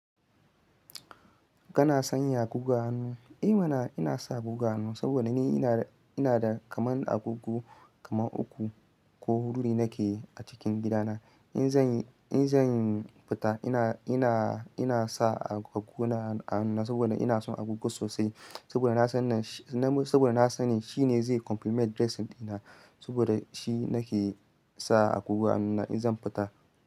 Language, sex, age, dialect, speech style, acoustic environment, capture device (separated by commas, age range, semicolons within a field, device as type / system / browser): Hausa, male, 25-34, Nigeria - Ibadan, excited, silence, mobile / Linux / Chrome